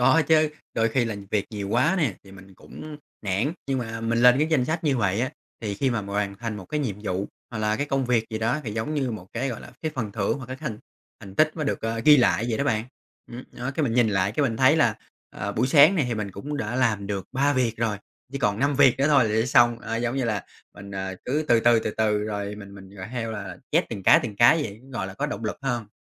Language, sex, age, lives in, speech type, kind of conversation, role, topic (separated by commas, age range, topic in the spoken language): Vietnamese, male, 30-34, Vietnam, conversation, podcast, guest, Làm thế nào bạn giữ động lực khi thấy tiến độ quá chậm?